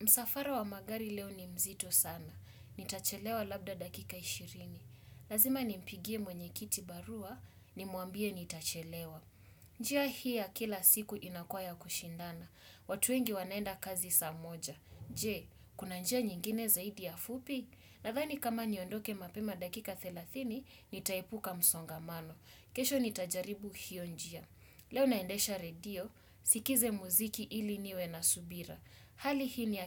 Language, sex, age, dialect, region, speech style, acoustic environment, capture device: Swahili, male, 25-34, Kenya - Mombasa, Kenya, focused, silence, desktop / Windows / Chrome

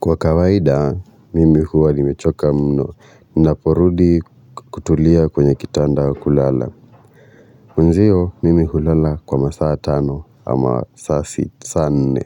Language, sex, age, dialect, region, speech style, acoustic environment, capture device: Swahili, male, 25-34, Kenya - Nairobi Sheng-influenced, Kenya, relaxed, silence; construction, mobile / Linux / Chrome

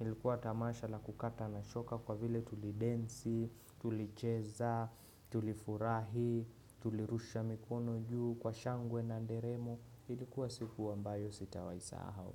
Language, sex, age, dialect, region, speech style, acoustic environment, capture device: Swahili, male, 25-34, Kenya - Nairobi Sheng-influenced, Kenya, relaxed; focused, nature; silence, desktop / Windows / Chrome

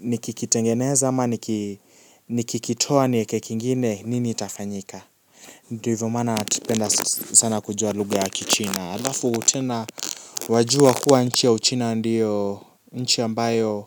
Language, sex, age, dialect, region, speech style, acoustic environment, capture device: Swahili, male, 18-24, Kenya - Nairobi Sheng-influenced, Kenya, relaxed, silence, mobile / Linux / Chrome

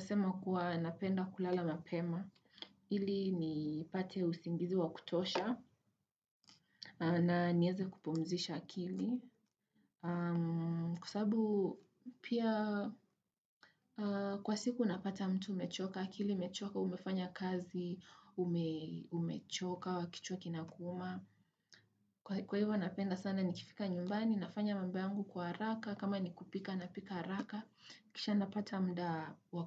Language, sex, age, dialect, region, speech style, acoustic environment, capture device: Swahili, female, 18-24, Kenya - Nairobi Sheng-influenced, Kenya, nervous; focused, people talking; construction, desktop / Windows / Chrome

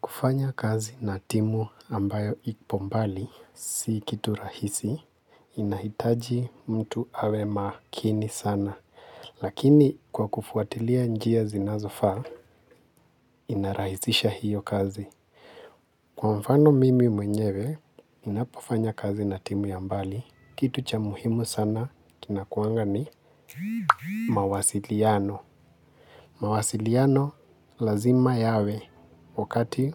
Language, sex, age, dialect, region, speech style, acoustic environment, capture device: Swahili, male, 25-34, Kenya - Nairobi Sheng-influenced, Kenya, relaxed, silence; appliances; nature, mobile / Linux / Chrome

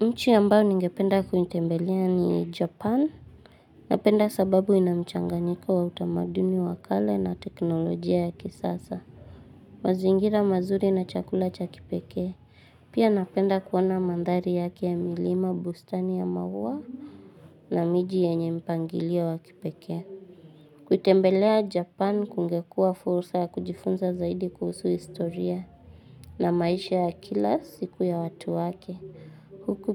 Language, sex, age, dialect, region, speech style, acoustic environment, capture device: Swahili, female, 18-24, Kenya - Nairobi Sheng-influenced, Kenya, anxious, silence, mobile / Linux / Chrome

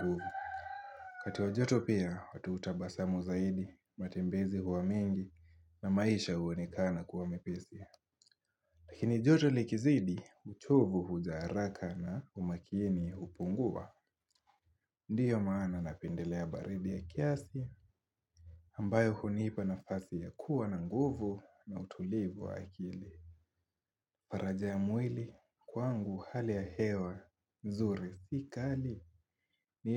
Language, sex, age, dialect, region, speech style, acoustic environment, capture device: Swahili, male, 18-24, Kenya - Mombasa, Kenya, happy; focused, nature, mobile / Linux / Chrome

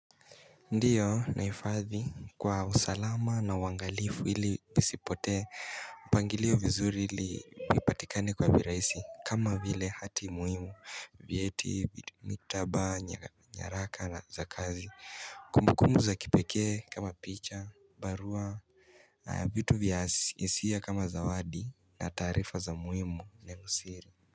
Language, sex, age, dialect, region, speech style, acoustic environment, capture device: Swahili, male, 18-24, Kenya - Mombasa, Kenya, happy, silence, mobile / Linux / Chrome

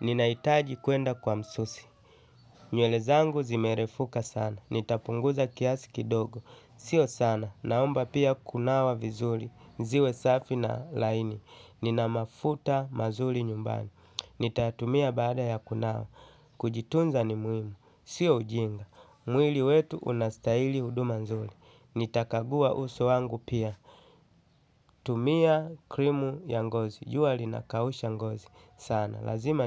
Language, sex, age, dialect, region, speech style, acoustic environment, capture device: Swahili, male, 35-44, Kenya - Mombasa, Kenya, happy, nature, desktop / Linux / Chrome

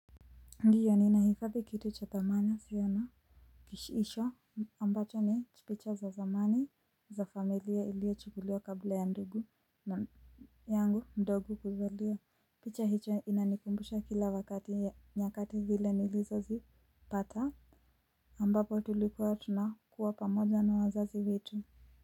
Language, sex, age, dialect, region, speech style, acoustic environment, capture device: Swahili, female, 25-34, Kenya - Nairobi Sheng-influenced, Kenya, relaxed, silence, mobile / Linux / Chrome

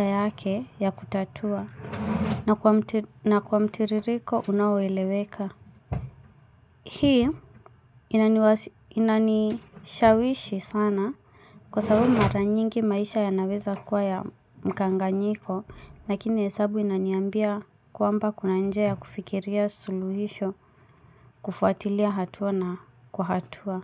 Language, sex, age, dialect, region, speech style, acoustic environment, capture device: Swahili, female, 25-34, Kenya - Mombasa, Kenya, relaxed, silence, mobile / Linux / Chrome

